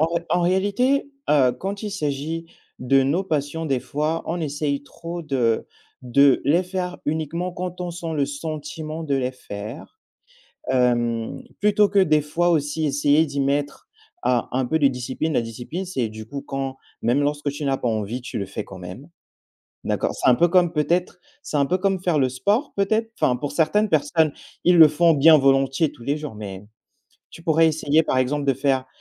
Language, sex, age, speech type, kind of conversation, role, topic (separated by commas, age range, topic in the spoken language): French, male, 25-29, conversation, advice, advisor, Comment vivez-vous la distraction numérique constante liée aux réseaux sociaux et aux notifications ?